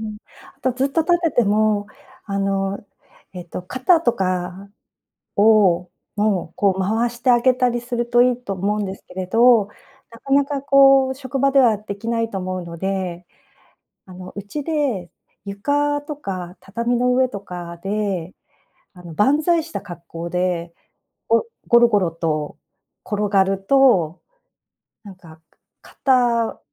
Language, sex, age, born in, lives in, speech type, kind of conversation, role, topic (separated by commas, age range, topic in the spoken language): Japanese, female, 55-59, Japan, Japan, conversation, advice, advisor, 仕事で休憩や運動の時間が取れず、疲れが溜まっているのですが、どうすればよいですか？